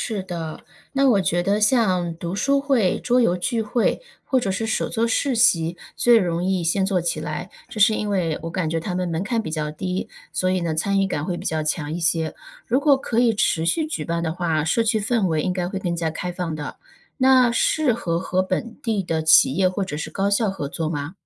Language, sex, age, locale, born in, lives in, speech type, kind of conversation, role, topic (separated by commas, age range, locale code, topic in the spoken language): Chinese, female, 35-39, zh-CN, China, United States, read, expert-assistant, asker, 小城市怎样才能吸引年轻人留下来生活和工作？有没有什么有效的方法？